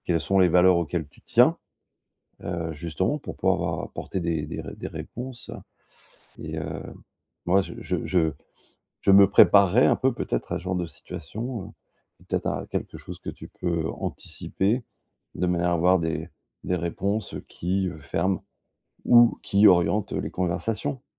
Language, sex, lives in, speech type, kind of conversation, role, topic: French, male, France, conversation, advice, advisor, Comment puis-je arrêter de me comparer aux autres dans ma carrière ?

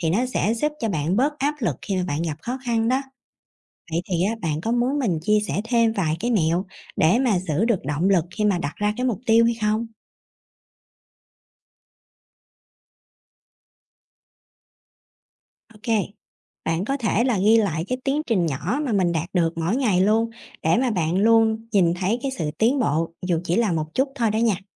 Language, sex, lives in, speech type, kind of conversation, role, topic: Vietnamese, female, Vietnam, read, expert-assistant, expert, Vai trò của việc đặt mục tiêu trong cuộc sống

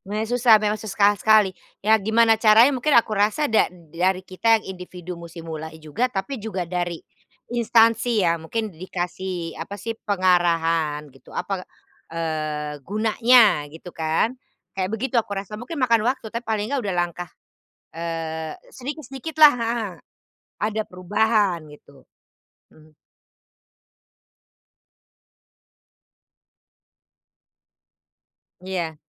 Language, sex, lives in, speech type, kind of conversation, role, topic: Indonesian, female, Netherlands, conversation, unstructured, none, Bagaimana cara memengaruhi orang lain agar lebih peduli terhadap lingkungan?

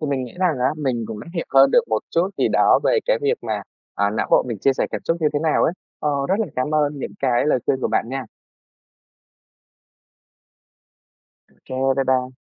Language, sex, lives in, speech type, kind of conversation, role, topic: Vietnamese, female, Vietnam, read, expert-assistant, asker, Nếu muốn hiểu rõ hơn về cách não bộ ảnh hưởng đến cảm xúc hằng ngày, nên bắt đầu tìm hiểu từ nguồn nào?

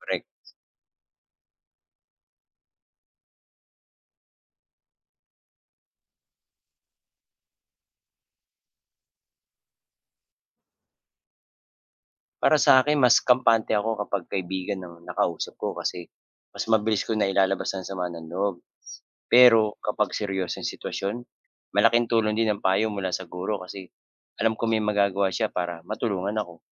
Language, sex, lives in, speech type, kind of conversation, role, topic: Filipino, male, Philippines, read, expert-assistant, asker, Paano mapipigilan ang pagkalat ng tsismis sa paaralan?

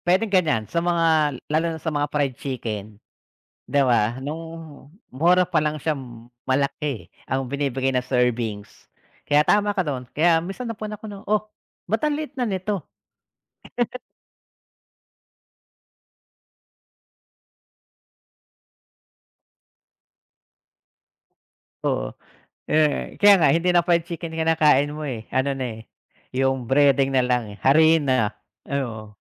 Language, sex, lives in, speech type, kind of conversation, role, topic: Filipino, male, Philippines, conversation, unstructured, none, Ano ang reaksiyon mo kapag biglang tumaas ang presyo ng paborito mong pagkain?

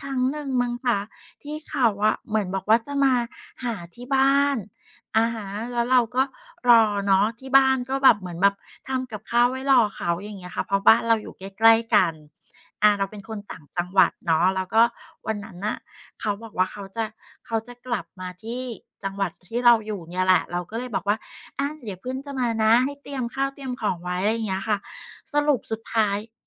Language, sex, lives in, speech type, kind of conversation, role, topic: Thai, female, Thailand, conversation, advice, user, เพื่อนของฉันชอบยกเลิกนัดบ่อยจนทำให้ฉันเสียใจ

